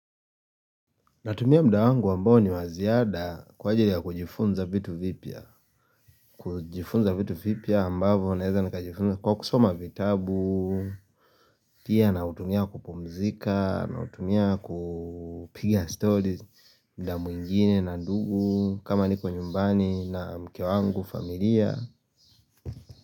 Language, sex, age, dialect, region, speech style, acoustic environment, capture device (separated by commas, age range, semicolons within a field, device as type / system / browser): Swahili, male, 25-34, Kenya - Nairobi Sheng-influenced, Kenya, happy, nature, mobile / Linux / Chrome